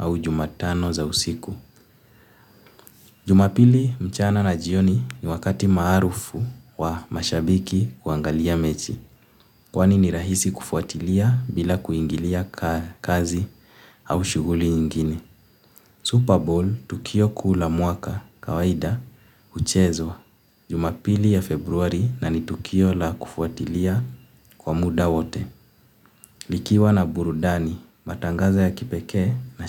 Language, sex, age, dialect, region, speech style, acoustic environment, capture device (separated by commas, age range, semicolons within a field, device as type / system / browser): Swahili, male, 18-24, Kenya - Nairobi Sheng-influenced, Kenya, happy, silence, mobile / Linux / Chrome